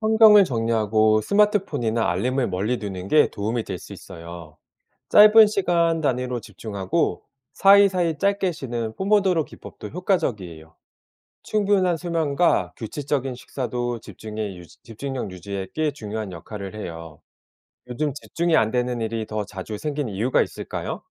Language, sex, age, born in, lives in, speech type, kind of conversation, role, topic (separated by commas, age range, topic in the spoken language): Korean, male, 40-44, South Korea, South Korea, read, expert-assistant, expert, 집중력을 높이는 방법을 알려주세요.